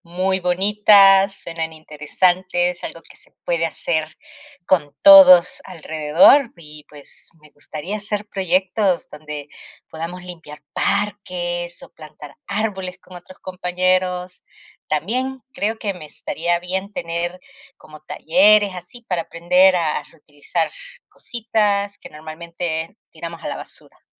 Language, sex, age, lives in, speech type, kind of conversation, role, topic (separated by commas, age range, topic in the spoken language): Spanish, female, 30-34, United States, read, expert-assistant, asker, Explica la importancia de la educación ambiental en la escuela.